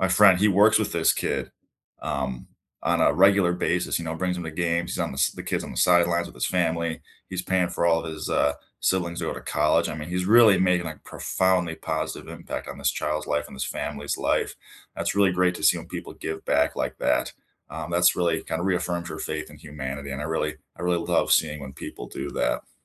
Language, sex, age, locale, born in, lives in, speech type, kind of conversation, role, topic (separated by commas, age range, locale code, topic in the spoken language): English, male, 25-29, en-US, United States, United States, conversation, unstructured, none, What small moment lifted your week, and what made it meaningful for you?